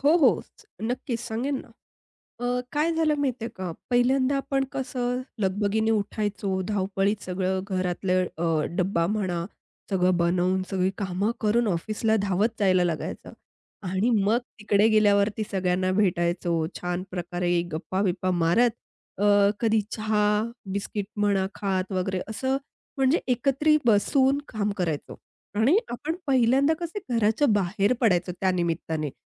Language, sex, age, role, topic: Marathi, female, 30-34, guest, रिमोट कामामुळे तुमच्या कार्यालयीन संस्कृतीत कोणते बदल झाले आहेत, आणि तुम्हाला त्यांचा कसा अनुभव आला आहे?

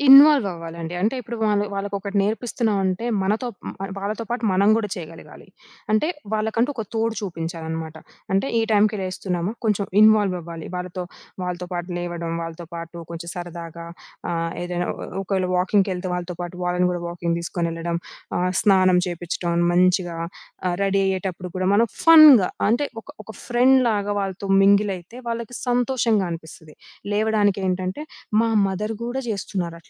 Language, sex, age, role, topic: Telugu, female, 25-29, guest, మీరు మాటల్లోనే కాదు, ప్రవర్తనతో కూడా పిల్లలకు విలువలు నేర్పిస్తారా?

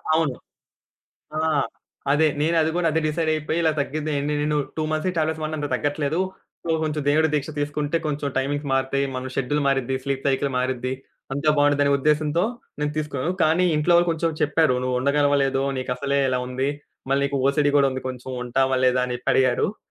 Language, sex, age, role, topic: Telugu, male, 20-24, guest, కొత్త నగరంలో మీరు కొత్త స్నేహితులను ఎలా కలుస్తారు?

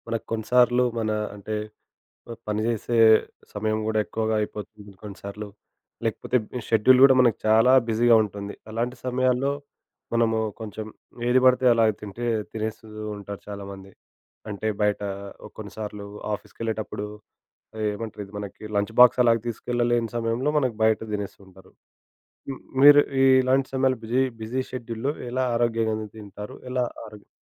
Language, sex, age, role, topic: Telugu, male, 25-29, host, బిజీ షెడ్యూల్ ఉన్నప్పటికీ మీరు ఆరోగ్యకరంగా ఎలా భోజనం చేస్తారు?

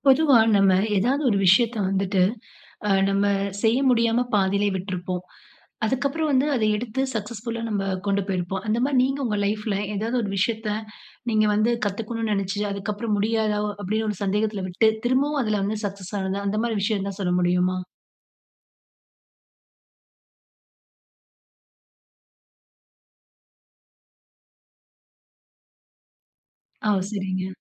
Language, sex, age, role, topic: Tamil, female, 30-34, host, மீண்டும் கற்றுக்கொள்ளும்போது உங்களுக்கு சந்தேகம் வந்ததா, அதை நீங்கள் எப்படி சமாளித்தீர்கள்?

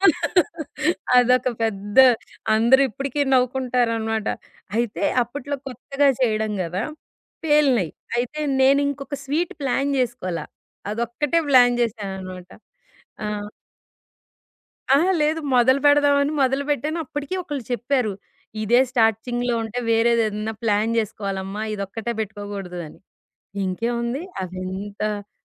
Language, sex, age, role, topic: Telugu, female, 40-44, guest, పండుగ కోసం వంటపని ప్రణాళికను మీరు ఎలా సిద్ధం చేసుకోగలరు?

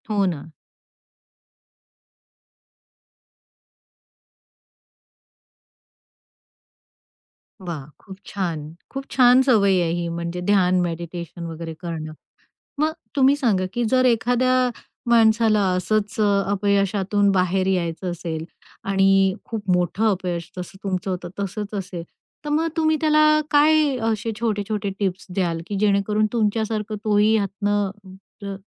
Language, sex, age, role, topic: Marathi, female, 45-49, host, तुम्ही एखाद्या मोठ्या अपयशातून काय शिकलात?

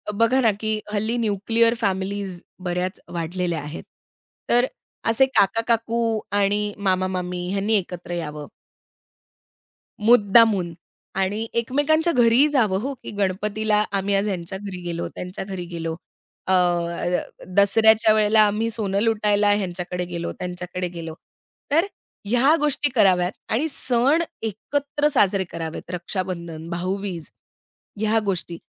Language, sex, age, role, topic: Marathi, female, 30-34, guest, ऑनलाइन आणि प्रत्यक्ष नात्यांमध्ये संतुलन कसे साधावे?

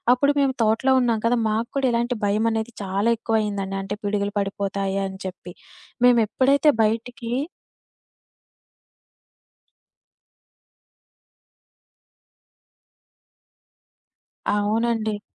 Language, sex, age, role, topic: Telugu, female, 25-29, guest, ప్రకృతితో మరింతగా అనుసంధానం కలిగేందుకు మీరు రోజూ ఏ అలవాటు పాటిస్తారు?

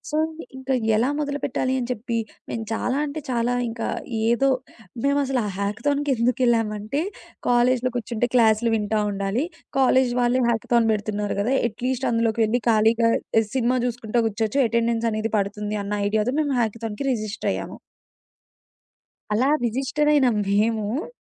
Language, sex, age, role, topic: Telugu, female, 20-24, guest, విఫలత ఒక మంచి గురువు అని మీరు భావిస్తారా, ఎందుకు?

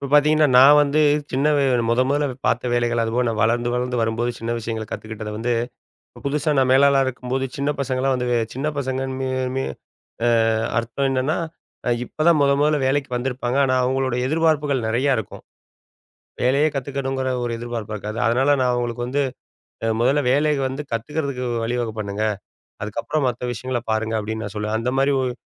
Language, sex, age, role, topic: Tamil, male, 40-44, host, உங்கள் முதல் வேலை அனுபவம் உங்களை எப்படி மாற்றியது?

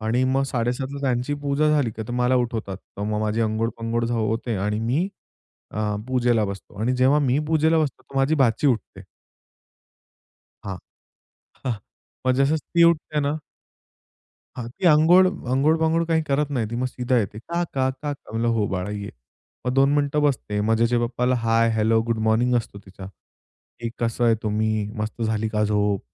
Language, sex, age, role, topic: Marathi, male, 25-29, guest, तुमच्या कुटुंबातील मूल्ये पुढच्या पिढीपर्यंत कशी पोहोचतात?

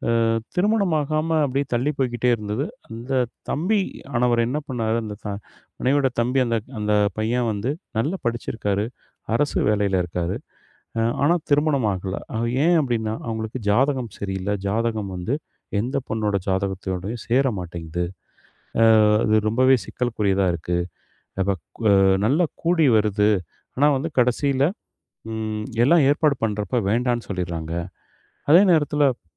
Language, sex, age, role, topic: Tamil, male, 40-44, guest, சமூக ஆதரவை உருவாக்கவும் நிலைநாட்டவும் நம்பிக்கையையும் ரகசியத்தையும் பேணுவது எவ்வளவு முக்கியம்?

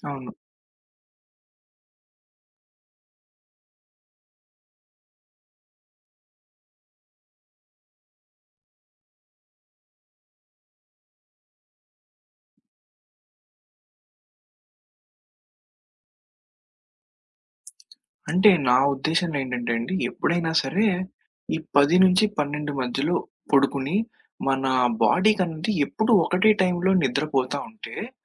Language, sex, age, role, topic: Telugu, male, 20-24, guest, రాత్రిపూట బాగా నిద్రపోవడానికి ఇంట్లో ఏ వస్తువులు ఎక్కువగా సహాయపడతాయని మీరు అనుకుంటారు?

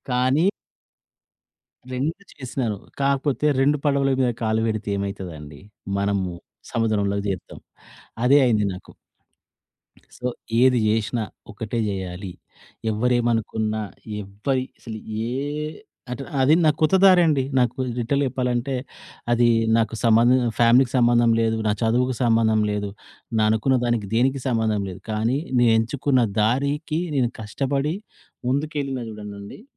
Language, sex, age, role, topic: Telugu, male, 40-44, guest, మీరు కొత్త దారిని ఎంచుకున్నప్పుడు మీ చుట్టూ ఉన్నవారు ఎలా స్పందించారు?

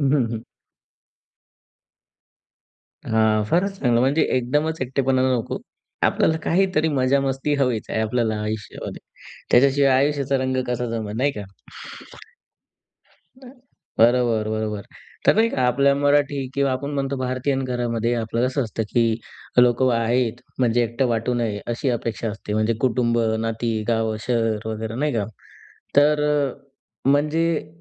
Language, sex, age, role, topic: Marathi, male, 20-24, host, एकटेपणाचा सामना तुम्ही कसा करता?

